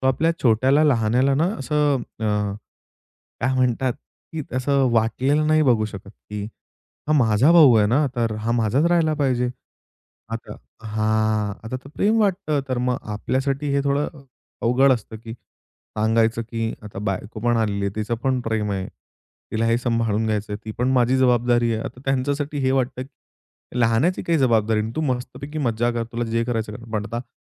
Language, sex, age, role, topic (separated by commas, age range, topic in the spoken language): Marathi, male, 25-29, guest, कोणत्या शांत संवादामुळे तुझ्या नात्यात मोठा फरक पडला ते सांगशील का?